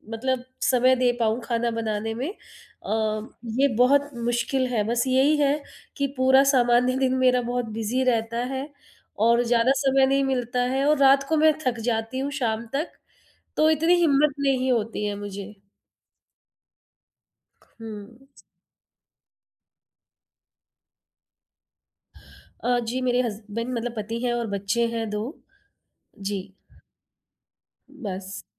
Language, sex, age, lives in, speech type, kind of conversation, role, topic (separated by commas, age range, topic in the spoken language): Hindi, female, 40-44, India, conversation, advice, user, खाने की योजना बनाने के लिए समय न मिलने पर आप दोषबोध से कैसे निपटते हैं?